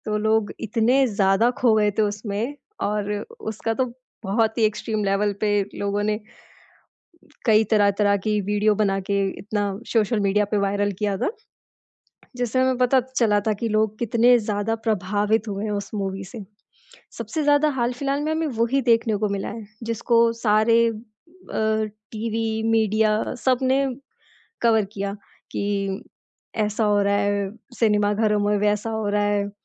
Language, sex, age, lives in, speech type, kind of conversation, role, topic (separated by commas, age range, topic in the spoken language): Hindi, female, 25-29, India, conversation, podcast, guest, किस तरह का किरदार दर्शकों को सबसे ज़्यादा बाँधकर रखता है?